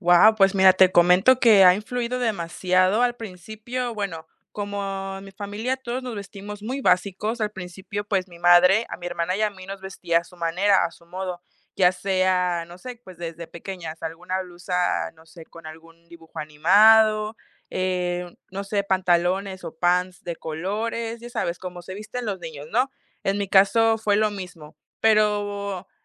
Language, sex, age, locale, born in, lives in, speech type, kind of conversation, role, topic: Spanish, female, 20-24, es-MX, Mexico, Mexico, conversation, podcast, guest, ¿Cómo ha influido tu familia en tu estilo?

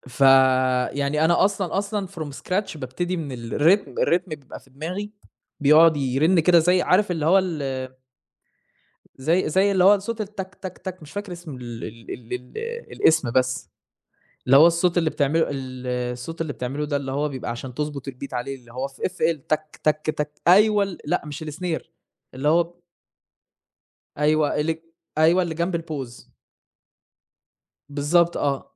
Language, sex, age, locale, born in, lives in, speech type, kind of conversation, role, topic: Arabic, male, 20-24, ar-EG, Saudi Arabia, Germany, conversation, unstructured, none, إيه نوع الموسيقى اللي بتسمعها عادة؟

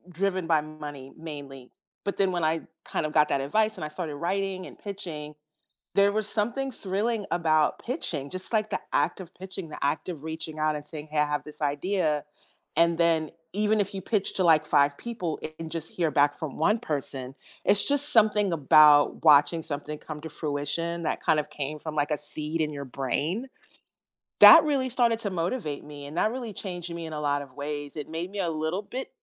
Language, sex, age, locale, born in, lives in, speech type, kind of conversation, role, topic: English, female, 50-54, en-US, United States, United States, conversation, unstructured, none, What career turning points most shaped you, and how did they change your path?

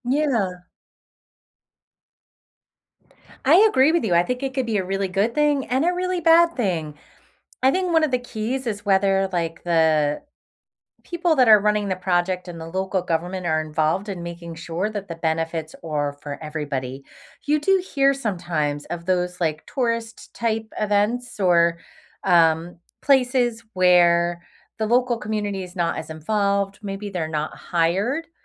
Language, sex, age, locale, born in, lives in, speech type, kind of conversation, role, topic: English, female, 45-49, en-US, United States, United States, conversation, unstructured, none, Do you think tourism helps or harms local communities?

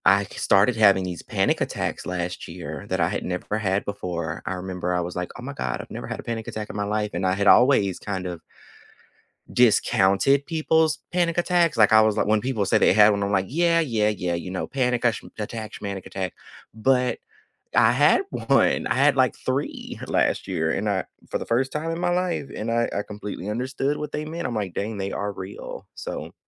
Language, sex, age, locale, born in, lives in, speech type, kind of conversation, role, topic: English, male, 25-29, en-US, United States, United States, conversation, unstructured, none, What are some simple ways you check in on your mental health and feel more connected?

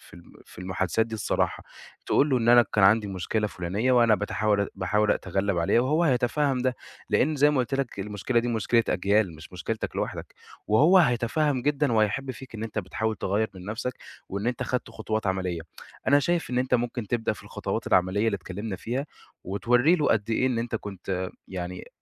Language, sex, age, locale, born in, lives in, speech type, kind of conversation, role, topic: Arabic, male, 20-24, ar-EG, Egypt, Egypt, conversation, advice, advisor, إزاي أتعامل مع تراجع أدائي في الشغل بسبب التسويف المتكرر؟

